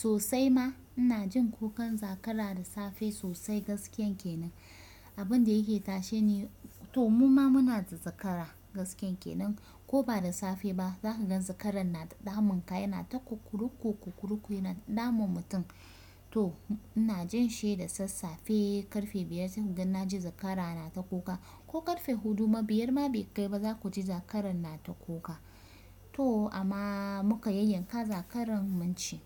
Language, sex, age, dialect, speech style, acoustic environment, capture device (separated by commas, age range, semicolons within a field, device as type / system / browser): Hausa, female, 25-34, Nigeria - Kano, relaxed, nature, desktop / Windows / Chrome